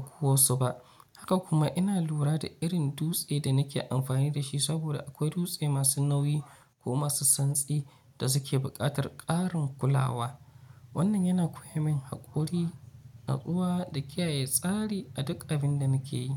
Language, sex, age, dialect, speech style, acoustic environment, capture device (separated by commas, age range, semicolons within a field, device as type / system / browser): Hausa, male, 25-34, Nigeria - Nigerian Standard, focused; excited, nature; appliances, mobile / Linux / Chrome